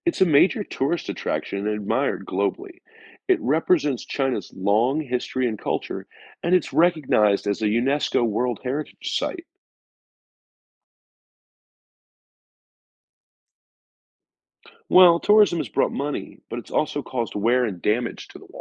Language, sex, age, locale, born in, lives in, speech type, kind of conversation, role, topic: English, male, 45-49, en-US, United States, United States, read, expert-assistant, expert, What is the significance of the Great Wall of China?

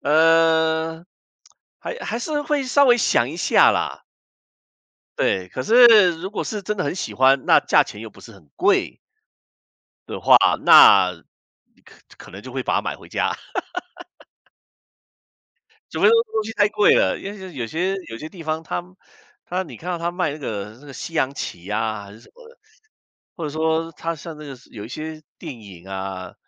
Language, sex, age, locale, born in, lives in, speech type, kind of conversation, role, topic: Chinese, male, 55-59, zh-CN, Taiwan, United States, conversation, unstructured, none, 你如何区分一笔开销是“需要”还是“想要”？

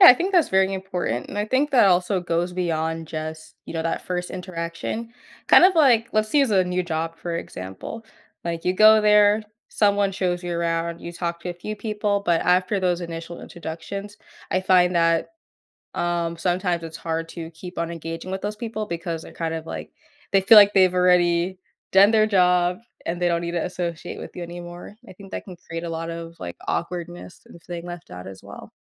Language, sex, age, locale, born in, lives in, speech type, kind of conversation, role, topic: English, female, 20-24, en-US, United States, United States, conversation, podcast, host, How can we create a more welcoming environment for everyone in our daily lives?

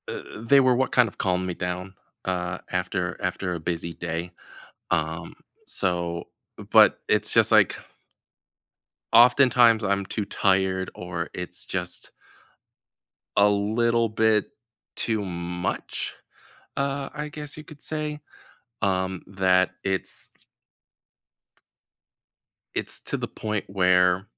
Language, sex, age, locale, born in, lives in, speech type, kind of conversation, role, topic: English, male, 30-34, en-US, United States, United States, conversation, advice, user, How can I manage daily stress better?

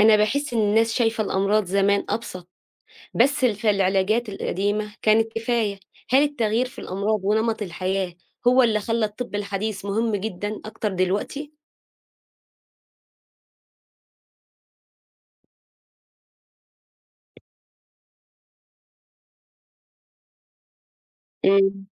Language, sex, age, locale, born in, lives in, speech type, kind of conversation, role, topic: Arabic, female, 35-39, ar-EG, Egypt, United States, read, expert-assistant, asker, ليه بعض الناس بتلجأ للعلاج الشعبي أو الأعشاب قبل ما تزور دكتور، وهل ده ليه أصول ثقافية أو اجتماعية؟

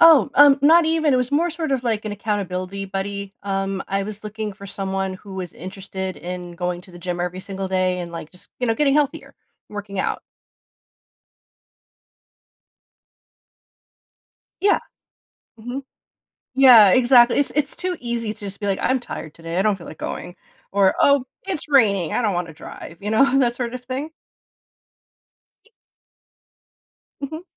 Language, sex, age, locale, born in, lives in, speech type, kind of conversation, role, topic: English, female, 40-44, en-US, United States, United States, conversation, advice, user, How can I cope with guilt after a loved one's death?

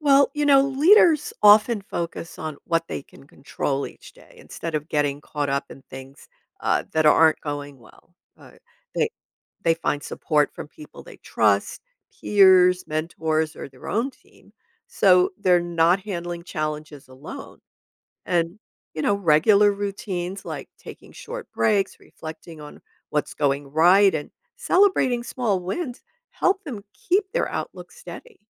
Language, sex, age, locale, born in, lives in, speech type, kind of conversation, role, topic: English, female, 70-74, en-US, United States, United States, read, expert-assistant, expert, What are the most important qualities of a good leader?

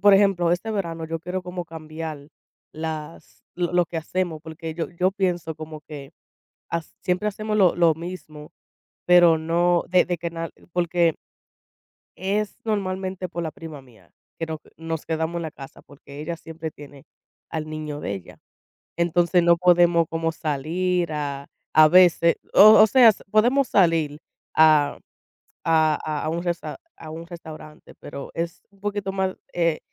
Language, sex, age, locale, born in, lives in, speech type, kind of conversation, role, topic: Spanish, female, 25-29, es-US, United States, United States, conversation, unstructured, none, ¿Qué actividades disfrutas hacer con tu familia?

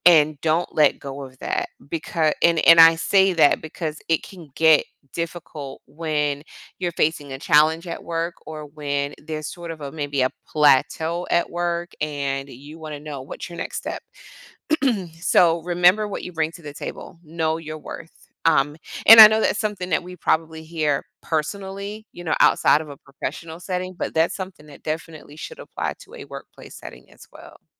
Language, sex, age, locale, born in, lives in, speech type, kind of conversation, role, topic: English, female, 45-49, en-US, United States, United States, conversation, podcast, guest, What helps you maintain enthusiasm and drive in your work life?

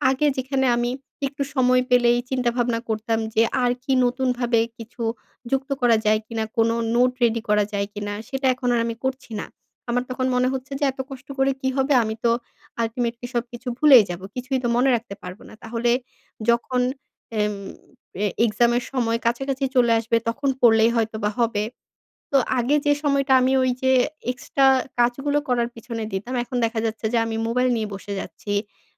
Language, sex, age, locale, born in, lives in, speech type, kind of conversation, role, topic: Bengali, female, 25-29, bn-BD, Bangladesh, Bangladesh, conversation, advice, user, রাতে চাপ ও উদ্বেগ কমিয়ে কীভাবে আমি শান্ত হতে পারি?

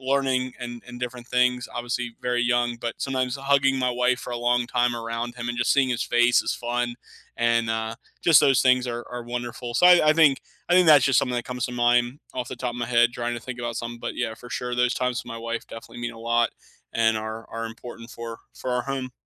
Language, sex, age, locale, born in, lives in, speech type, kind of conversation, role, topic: English, male, 30-34, en-US, United States, United States, conversation, unstructured, none, What small moment lifted your week, and what made it meaningful for you?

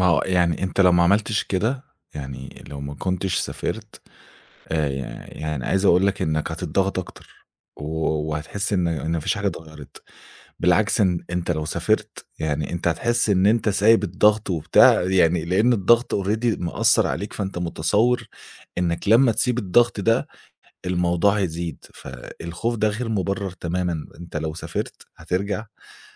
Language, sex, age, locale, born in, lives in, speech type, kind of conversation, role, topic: Arabic, male, 25-29, ar-EG, Egypt, Egypt, conversation, advice, advisor, إزاي بتوصفي إحساسك بالإرهاق العاطفي وعدم القدرة على التركيز؟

